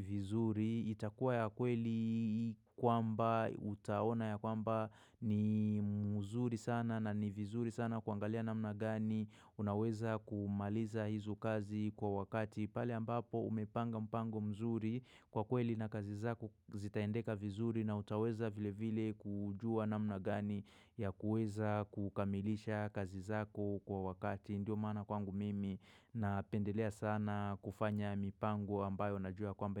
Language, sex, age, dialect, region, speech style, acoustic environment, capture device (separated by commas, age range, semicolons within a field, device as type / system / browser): Swahili, male, 25-34, Kenya - Nairobi Sheng-influenced, Kenya, stressed, silence, mobile / macOS / Safari